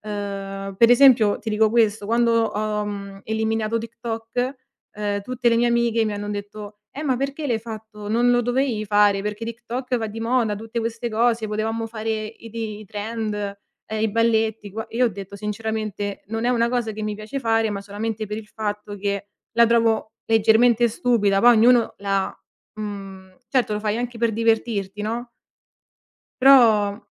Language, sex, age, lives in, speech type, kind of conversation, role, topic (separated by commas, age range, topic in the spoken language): Italian, female, 25-29, Italy, conversation, podcast, guest, Che cosa ne pensi di come i social hanno cambiato i nostri gusti?